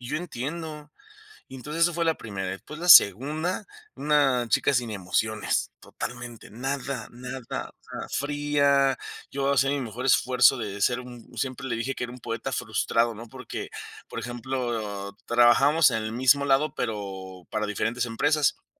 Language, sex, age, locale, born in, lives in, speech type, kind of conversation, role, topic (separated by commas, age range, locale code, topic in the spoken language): Spanish, male, 30-34, es-MX, Mexico, Mexico, conversation, podcast, guest, ¿Cómo puedes hacer preguntas para que la otra persona se abra más?